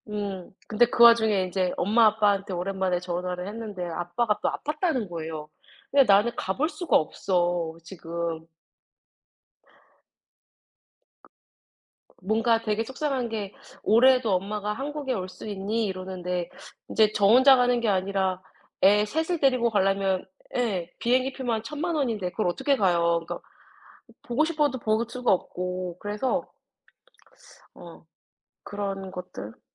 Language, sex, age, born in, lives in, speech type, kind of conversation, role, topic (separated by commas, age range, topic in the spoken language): Korean, female, 30-34, South Korea, United States, conversation, unstructured, none, 가장 힘들었던 날은 어떤 날이었나요?